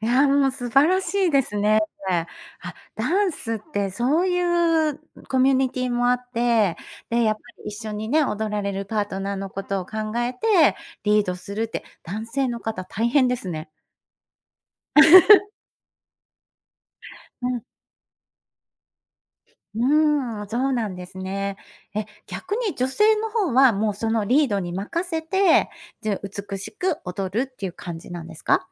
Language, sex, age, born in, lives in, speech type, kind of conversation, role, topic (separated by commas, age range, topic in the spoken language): Japanese, female, 50-54, Japan, Japan, conversation, podcast, host, 最近ハマっている趣味は何ですか？